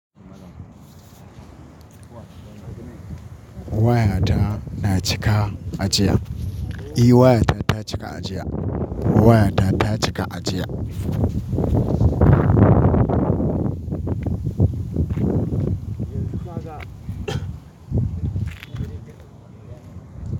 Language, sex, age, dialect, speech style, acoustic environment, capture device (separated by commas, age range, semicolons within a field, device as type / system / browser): Hausa, male, 25-34, Nigeria - Katsina, anxious, nature, mobile / Linux / Chrome